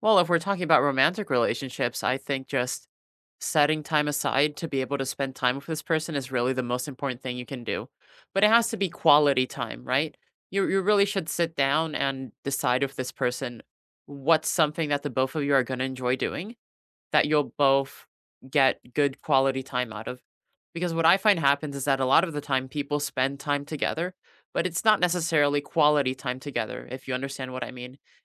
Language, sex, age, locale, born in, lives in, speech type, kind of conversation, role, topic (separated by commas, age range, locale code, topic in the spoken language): English, male, 20-24, en-US, United States, United States, conversation, unstructured, none, How can simple weekly rituals help you celebrate each other’s wins and strengthen your connection?